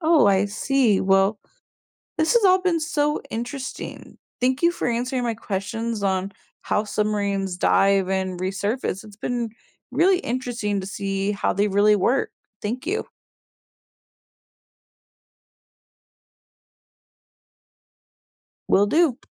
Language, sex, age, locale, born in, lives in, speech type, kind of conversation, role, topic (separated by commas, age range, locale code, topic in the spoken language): English, female, 20-24, en-US, United States, United States, read, expert-assistant, asker, What is life and work like on a submarine?